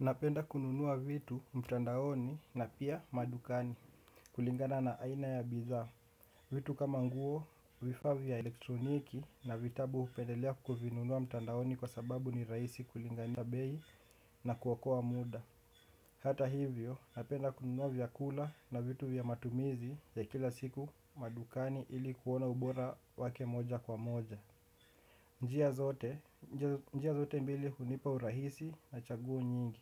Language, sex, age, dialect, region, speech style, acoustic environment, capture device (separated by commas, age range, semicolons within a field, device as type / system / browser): Swahili, male, 18-24, Kenya - Nairobi Sheng-influenced, Kenya, relaxed, nature; construction, desktop / Windows / Chrome